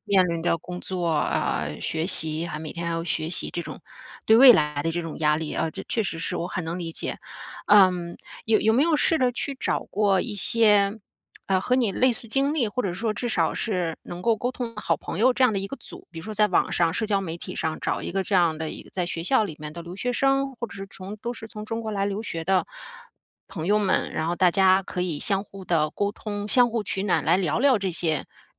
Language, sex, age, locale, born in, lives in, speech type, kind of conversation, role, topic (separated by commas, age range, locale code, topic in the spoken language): Chinese, female, 40-44, zh-CN, China, United States, conversation, advice, advisor, 我总因未知而焦虑紧张，该如何应对？